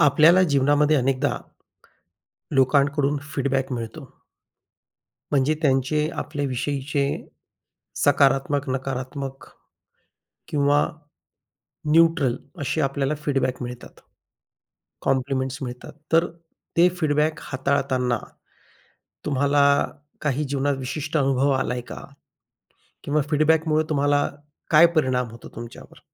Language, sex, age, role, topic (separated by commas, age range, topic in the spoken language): Marathi, male, 40-44, host, अभिप्राय मिळाल्यावर तुम्ही कसा प्रतिसाद देता?